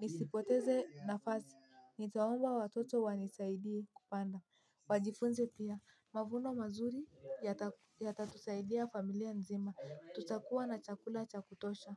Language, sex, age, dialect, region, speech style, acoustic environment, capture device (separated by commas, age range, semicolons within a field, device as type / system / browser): Swahili, female, 18-24, Kenya - Mombasa, Kenya, happy; anxious, silence, mobile / Linux / Chrome